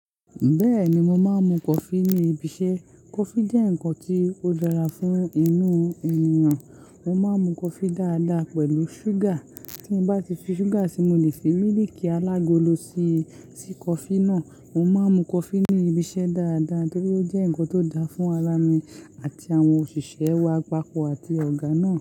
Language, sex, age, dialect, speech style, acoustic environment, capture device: Yoruba, male, 18-24, Nigeria - Lagos Yoruba, happy; anxious; excited; focused, nature; silence, mobile / Linux / Chrome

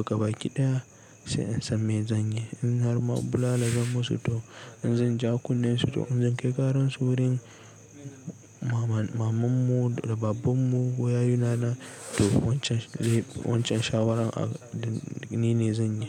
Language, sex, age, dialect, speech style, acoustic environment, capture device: Hausa, male, 18-24, Nigeria - Nigerian Standard, relaxed, people talking; nature; appliances, desktop / Linux / Chrome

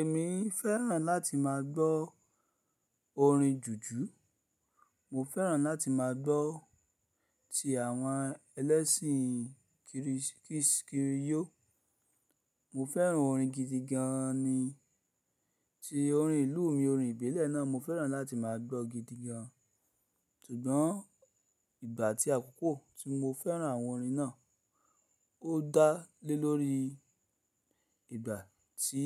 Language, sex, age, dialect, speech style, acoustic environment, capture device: Yoruba, male, 35-44, Nigeria - Lagos Yoruba, focused, silence, mobile / Linux / Chrome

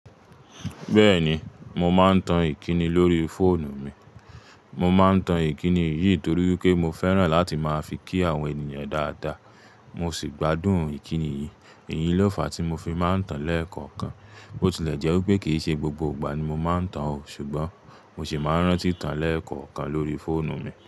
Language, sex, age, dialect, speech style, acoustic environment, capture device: Yoruba, male, 25-34, Nigeria - Ibadan, relaxed, silence, mobile / Linux / Chrome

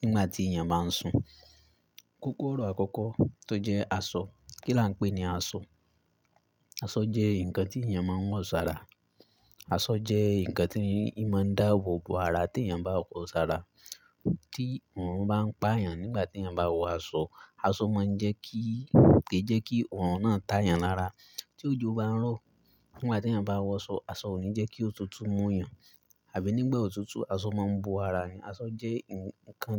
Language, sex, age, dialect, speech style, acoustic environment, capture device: Yoruba, male, 18-24, Nigeria - Ibadan, relaxed, silence; nature, mobile / Linux / Chrome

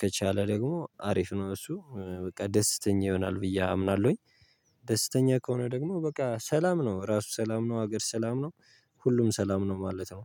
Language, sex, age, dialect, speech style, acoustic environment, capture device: Amharic, male, 18-24, Ethiopia - Gojjam, happy, nature, mobile / Linux / Chrome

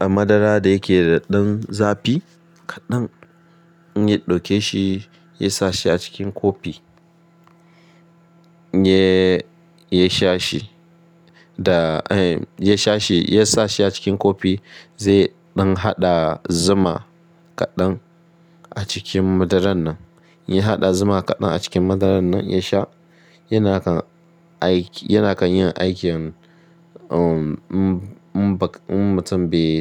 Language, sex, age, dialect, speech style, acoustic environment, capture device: Hausa, male, 25-34, Nigeria - Ibadan, relaxed; focused, nature; appliances, mobile / Linux / Chrome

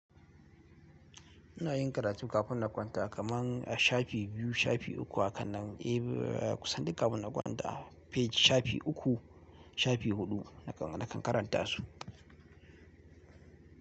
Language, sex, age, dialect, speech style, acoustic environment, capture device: Hausa, male, 18-24, Nigeria - Kano, relaxed; focused, nature; appliances, mobile / Linux / Chrome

